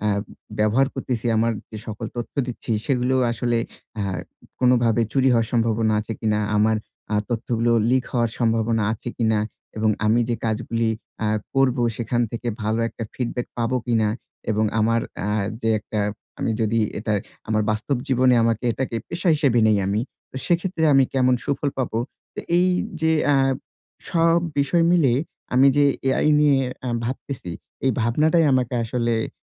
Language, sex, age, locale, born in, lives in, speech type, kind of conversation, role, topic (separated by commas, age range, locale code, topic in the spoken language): Bengali, male, 25-29, bn-BD, Bangladesh, Bangladesh, conversation, advice, user, প্রযুক্তিগত পরিবর্তনের সঙ্গে খাপ খাওয়াতে আমি কীভাবে শুরু করব?